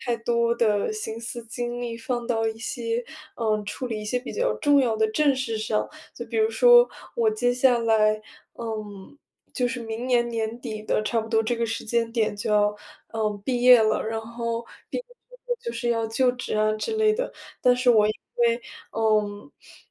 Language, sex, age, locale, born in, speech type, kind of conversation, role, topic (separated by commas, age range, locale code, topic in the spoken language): Chinese, female, 20-24, zh-CN, China, conversation, advice, user, 为什么我一放松就总会感到内疚并开始拖延？